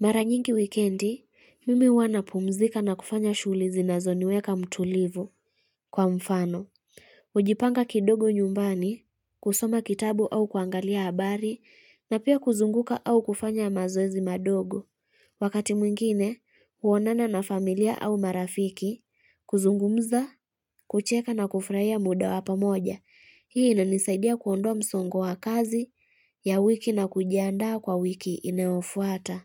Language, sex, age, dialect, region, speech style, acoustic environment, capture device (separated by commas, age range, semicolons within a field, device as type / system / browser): Swahili, female, 25-34, Kenya - Kitui, Kenya, happy; relaxed, people talking; traffic; music, mobile / Linux / Chrome